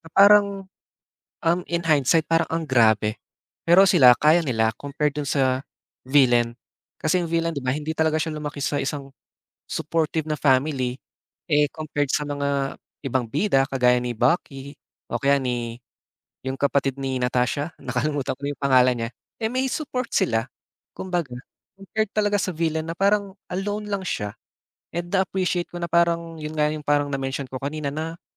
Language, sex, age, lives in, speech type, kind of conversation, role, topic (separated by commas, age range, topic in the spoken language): Filipino, male, 20-24, Philippines, conversation, unstructured, none, Anong pelikula ang nagbago ng pananaw mo sa buhay?